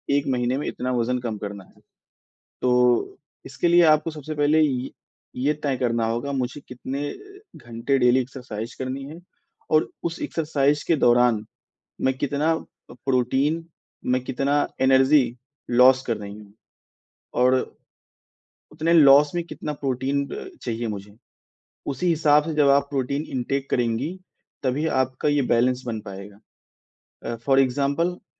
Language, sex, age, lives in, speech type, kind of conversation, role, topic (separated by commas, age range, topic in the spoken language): Hindi, male, 20-24, India, conversation, advice, advisor, मैं वास्तविक और मापनीय लक्ष्य कैसे तय करूँ?